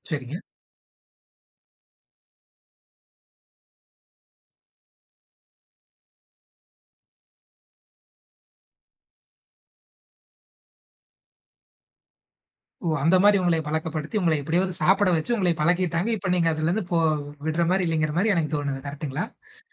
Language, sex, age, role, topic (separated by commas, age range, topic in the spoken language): Tamil, male, 20-24, host, ஒரே குழுவாகப் பயணம் போன உங்கள் அனுபவத்தைப் பற்றி சொல்ல முடியுமா?